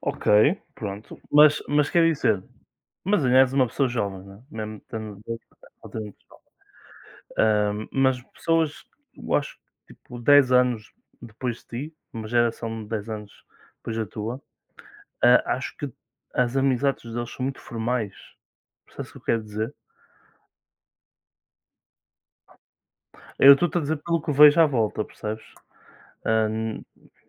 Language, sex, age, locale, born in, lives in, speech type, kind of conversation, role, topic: Portuguese, male, 20-24, pt-PT, Portugal, Portugal, conversation, unstructured, none, O que deixa você feliz em um encontro com amigos?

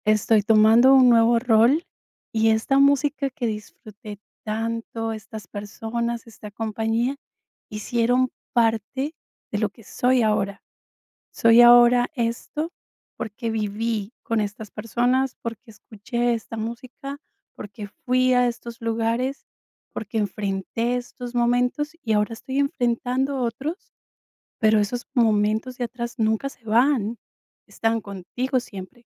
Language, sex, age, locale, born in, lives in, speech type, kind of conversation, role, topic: Spanish, female, 45-49, es-CO, Colombia, United States, conversation, advice, advisor, ¿Cómo puedo manejar mis emociones al envejecer y adaptarme a los cambios de rol?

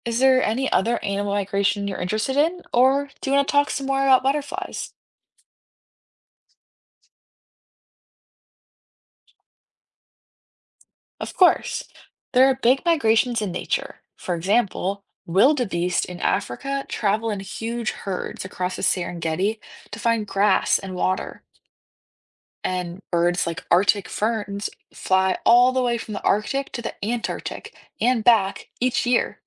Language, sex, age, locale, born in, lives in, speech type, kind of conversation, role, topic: English, female, 18-19, en-US, United States, United States, read, expert-assistant, expert, Why do some animals migrate and how do they find their way?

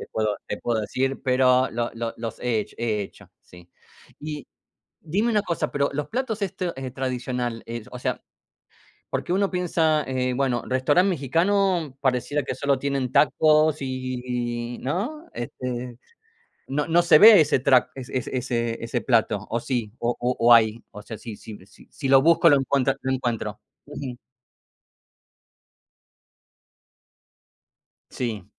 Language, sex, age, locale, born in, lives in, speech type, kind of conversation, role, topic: Spanish, male, 45-49, es-AR, Argentina, United States, conversation, unstructured, none, ¿Qué plato tradicional de tu país te gusta más?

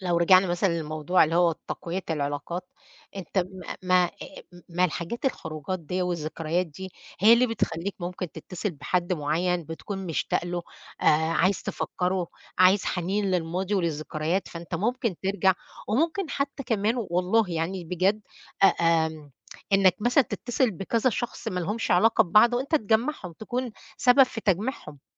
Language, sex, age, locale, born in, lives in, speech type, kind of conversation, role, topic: Arabic, female, 50-54, ar-EG, Egypt, United States, conversation, unstructured, none, إزاي تبني علاقة قوية مع أفراد عيلتك؟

